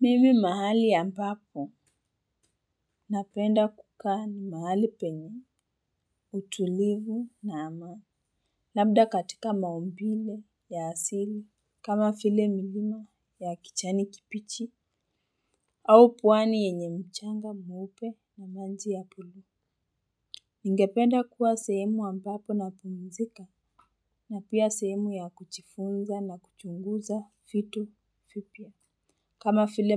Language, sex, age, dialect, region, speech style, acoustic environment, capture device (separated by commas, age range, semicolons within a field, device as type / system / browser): Swahili, female, 18-24, Kenya - Nairobi Sheng-influenced, Kenya, stressed, people talking, mobile / Linux / Chrome